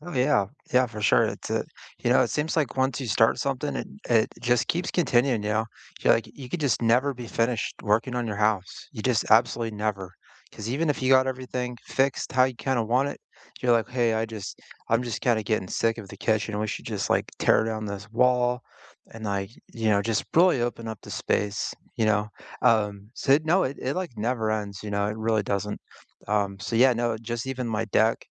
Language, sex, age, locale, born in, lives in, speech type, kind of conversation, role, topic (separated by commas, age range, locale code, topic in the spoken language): English, male, 40-44, en-US, United States, United States, conversation, unstructured, none, Which home DIY project are you proudest of, and what makes it meaningful?